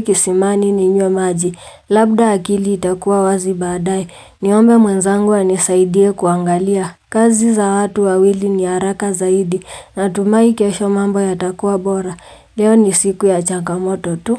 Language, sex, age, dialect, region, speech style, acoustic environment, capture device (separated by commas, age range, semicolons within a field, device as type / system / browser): Swahili, female, 18-24, Kenya - Nairobi Sheng-influenced, Kenya, relaxed, silence, mobile / Linux / Chrome